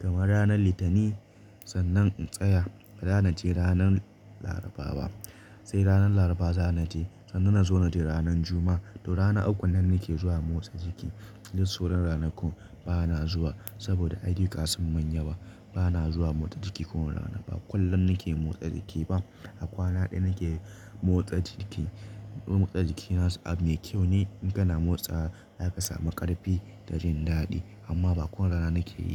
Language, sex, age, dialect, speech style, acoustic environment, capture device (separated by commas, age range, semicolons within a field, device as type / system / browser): Hausa, male, 25-34, Nigeria - Nigerian Standard, happy, silence, mobile / Linux / Chrome